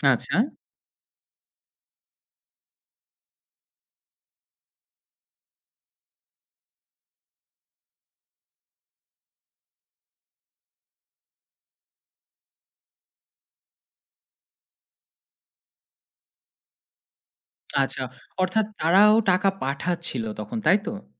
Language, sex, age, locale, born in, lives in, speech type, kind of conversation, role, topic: Bengali, male, 30-34, bn-BD, Bangladesh, Finland, conversation, podcast, host, তুমি ফোনে কতটা ব্যক্তিগত গোপনীয়তা রাখতে চাও?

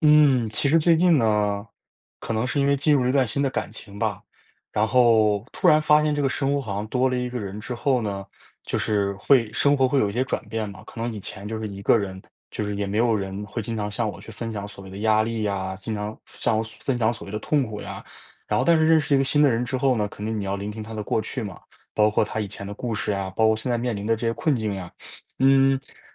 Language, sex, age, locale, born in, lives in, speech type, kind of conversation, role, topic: Chinese, male, 20-24, zh-CN, China, United States, conversation, advice, user, 我该如何支持正在承受压力的伴侣？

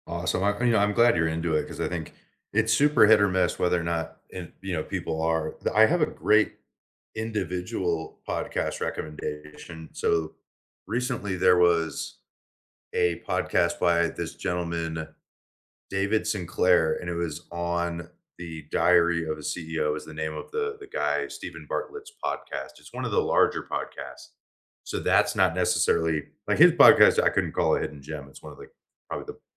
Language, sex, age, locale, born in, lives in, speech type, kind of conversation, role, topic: English, male, 25-29, en-US, United States, United States, conversation, unstructured, none, What under-the-radar podcasts do you recommend for commuting or doing chores?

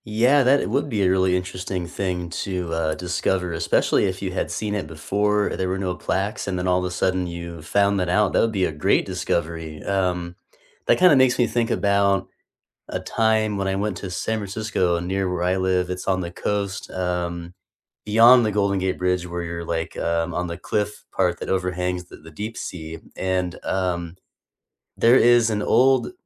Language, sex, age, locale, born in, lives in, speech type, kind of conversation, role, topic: English, male, 30-34, en-US, United States, United States, conversation, unstructured, none, Which local spot do you love introducing friends to, and what makes spending time there together feel special?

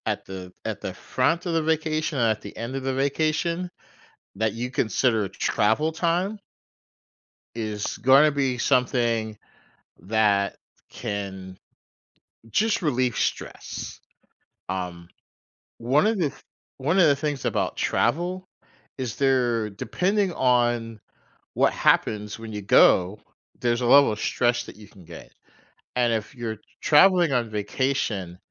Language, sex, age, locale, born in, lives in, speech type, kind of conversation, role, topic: English, male, 45-49, en-US, United States, United States, conversation, advice, advisor, How do I plan my dream vacation?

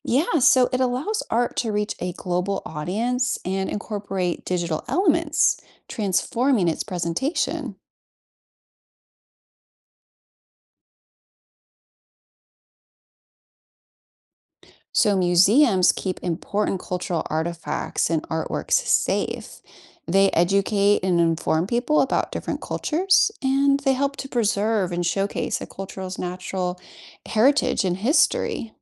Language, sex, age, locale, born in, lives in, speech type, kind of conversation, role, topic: English, female, 40-44, en-US, United States, United States, read, expert-assistant, expert, How does art reflect cultural identity?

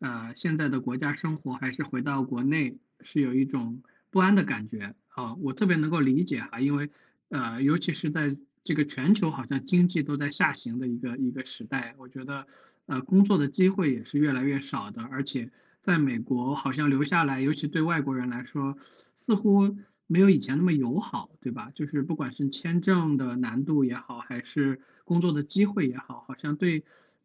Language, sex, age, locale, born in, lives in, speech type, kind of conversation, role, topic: Chinese, male, 35-39, zh-CN, China, United States, conversation, advice, advisor, 面对未知时我很不安，怎样才能不被害怕控制？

